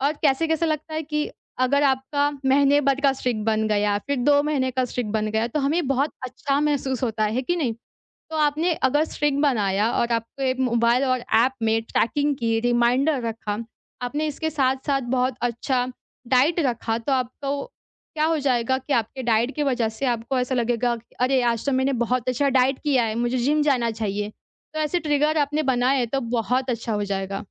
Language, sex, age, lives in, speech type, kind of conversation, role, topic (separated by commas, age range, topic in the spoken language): Hindi, female, 20-24, India, conversation, advice, advisor, कम समय और मन न होने पर भी मैं व्यायाम में नियमित कैसे रहूँ?